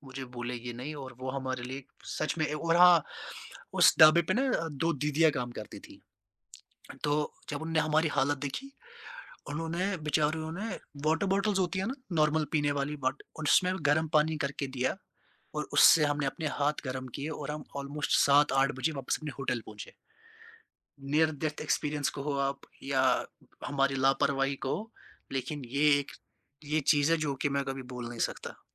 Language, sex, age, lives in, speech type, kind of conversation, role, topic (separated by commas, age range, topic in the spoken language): Hindi, male, 20-24, India, conversation, podcast, guest, Kya koi aisa simple travel tip hai jo tumne hard way se seekha?